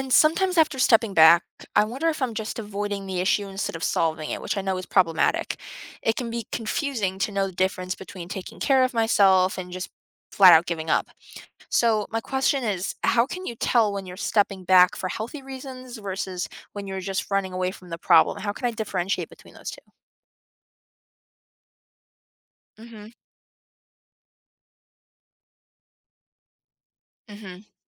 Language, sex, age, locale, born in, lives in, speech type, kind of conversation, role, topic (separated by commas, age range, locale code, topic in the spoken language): English, female, 18-19, en-US, United States, United States, read, expert-assistant, asker, Why do people argue, and how can disagreements be resolved peacefully?